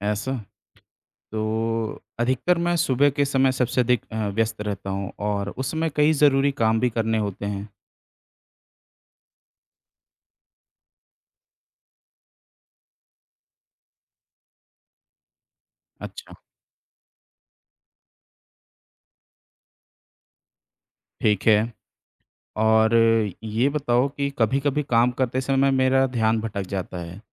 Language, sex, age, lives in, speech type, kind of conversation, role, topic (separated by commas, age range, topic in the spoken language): Hindi, male, 30-34, India, read, expert-assistant, asker, दैनिक दिनचर्या को व्यवस्थित कैसे करें?